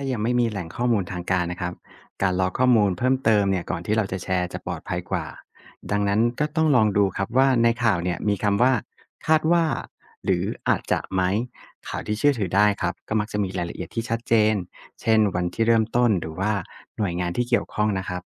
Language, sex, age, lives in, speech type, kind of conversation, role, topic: Thai, male, 35-39, Thailand, read, expert-assistant, expert, ถ้าเห็นข่าวเกี่ยวกับนโยบายรัฐ เราควรดูอะไรเพื่อรู้ว่าข่าวนั้นจริงหรือไม่?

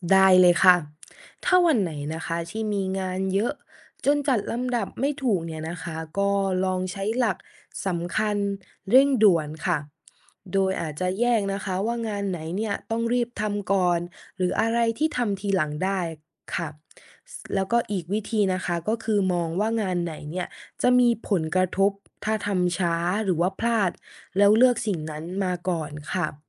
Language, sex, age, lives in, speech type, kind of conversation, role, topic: Thai, female, 20-24, Thailand, read, expert-assistant, expert, การวางแผนเวลาในแต่ละวันช่วยให้ชีวิตมีประสิทธิภาพมากขึ้นอย่างไร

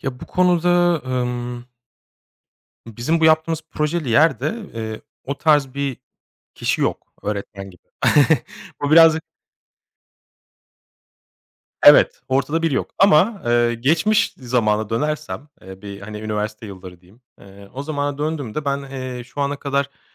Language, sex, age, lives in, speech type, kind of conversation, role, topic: Turkish, male, 35-39, Germany, conversation, podcast, guest, Tek başına çalışmayı mı yoksa grup çalışmasını mı tercih edersin, neden?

